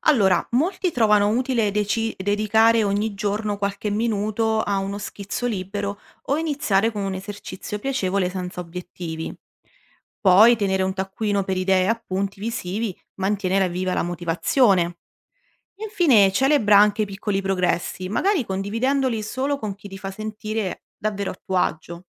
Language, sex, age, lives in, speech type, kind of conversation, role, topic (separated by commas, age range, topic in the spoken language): Italian, female, 30-34, Italy, read, expert-assistant, expert, Come si può trovare il proprio stile personale mentre si impara arte digitale?